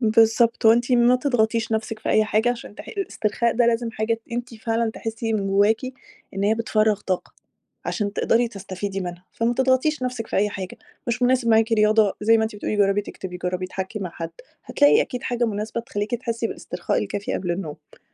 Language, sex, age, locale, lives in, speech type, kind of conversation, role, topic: Arabic, female, 30-34, ar-EG, Egypt, conversation, advice, advisor, إزاي أعمل روتين ثابت قبل النوم يساعدني أنام كويس؟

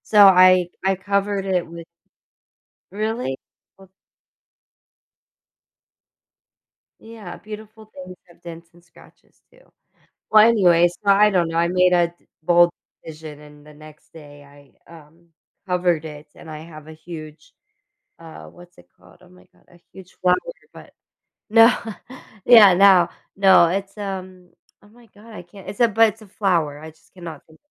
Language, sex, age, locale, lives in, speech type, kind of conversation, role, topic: English, female, 30-34, en-US, United States, conversation, podcast, guest, What helps you keep moving forward during difficult times?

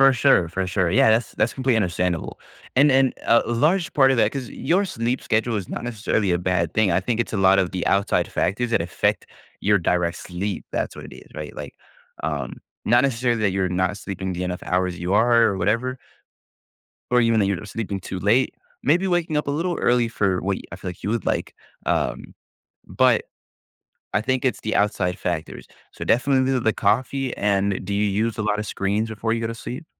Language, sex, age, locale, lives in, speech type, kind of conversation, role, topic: English, male, 20-24, en-US, United States, conversation, advice, advisor, How can I balance my work and personal life without feeling overwhelmed?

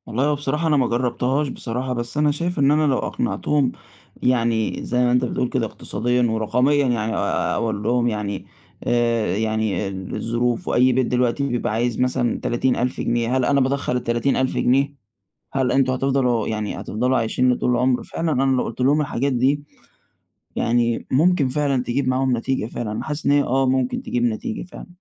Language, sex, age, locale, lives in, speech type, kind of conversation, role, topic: Arabic, male, 20-24, ar-EG, Egypt, conversation, advice, user, الضغط للزواج أو الارتباط حسب توقعات الأسرة والمجتمع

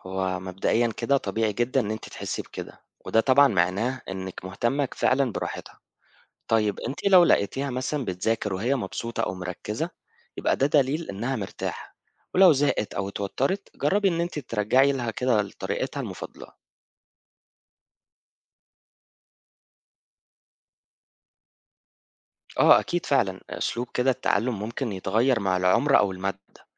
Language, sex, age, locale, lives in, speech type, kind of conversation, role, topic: Arabic, male, 25-29, ar-EG, Egypt, read, expert-assistant, expert, يعني إيه لما ناس يقولوا إن في طرق تعلم مختلفة؟ إزاي نعرف أي واحدة فعلاً بتشتغل معانا؟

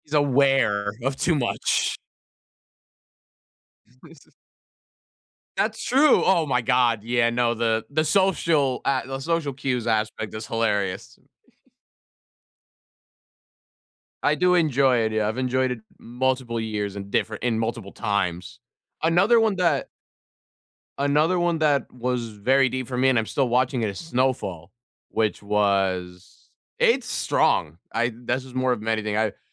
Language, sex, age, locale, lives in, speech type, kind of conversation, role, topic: English, male, 20-24, en-US, United States, conversation, unstructured, none, Why do some TV shows become so popular?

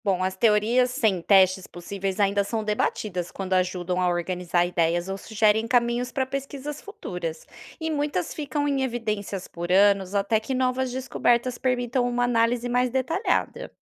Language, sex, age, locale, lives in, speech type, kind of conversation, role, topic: Portuguese, female, 30-34, pt-BR, Spain, read, expert-assistant, expert, Se existirem outros universos além do nosso, como isso poderia mudar o que acreditamos sobre a vida e o universo?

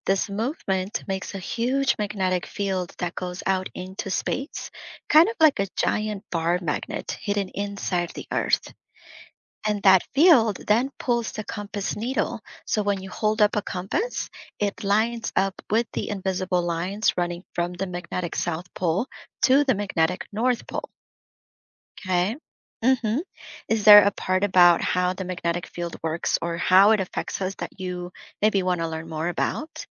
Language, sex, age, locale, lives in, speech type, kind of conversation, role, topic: English, female, 30-34, en-US, United States, read, expert-assistant, expert, How does a compass always point north?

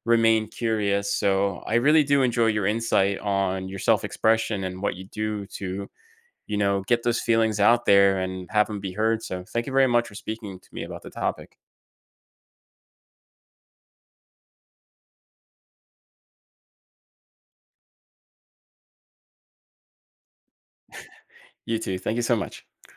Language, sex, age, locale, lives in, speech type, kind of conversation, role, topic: English, male, 30-34, en-US, United States, conversation, podcast, host, In what ways does expressing yourself shape who you are and how you connect with others?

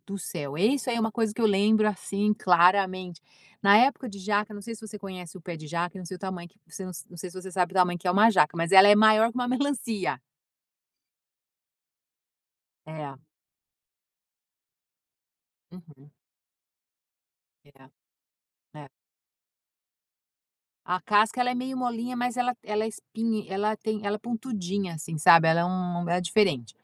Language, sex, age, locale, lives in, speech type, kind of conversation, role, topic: Portuguese, female, 50-54, pt-BR, United States, conversation, podcast, guest, Qual prato te traz lembranças da infância?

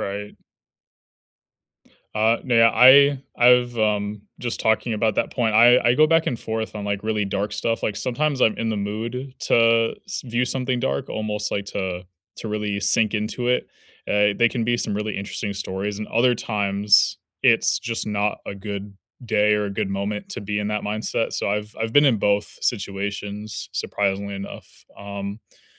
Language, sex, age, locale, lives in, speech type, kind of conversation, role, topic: English, male, 20-24, en-US, United States, conversation, unstructured, none, What do you look for when choosing something to watch, and how do your viewing choices help you connect with others?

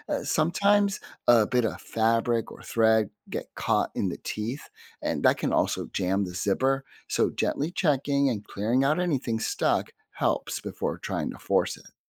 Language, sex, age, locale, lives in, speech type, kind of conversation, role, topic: English, male, 50-54, en-US, United States, read, expert-assistant, expert, How does a zipper work?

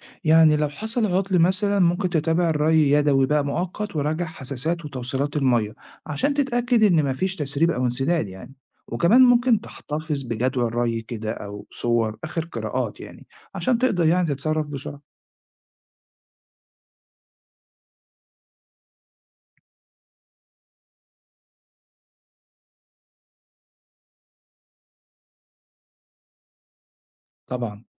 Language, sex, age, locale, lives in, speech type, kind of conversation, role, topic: Arabic, male, 40-44, ar-EG, Italy, read, expert-assistant, expert, إزاي أبدأ أستخدم الري الذكي في مزرعتي بدون تعقيد أو خسارة؟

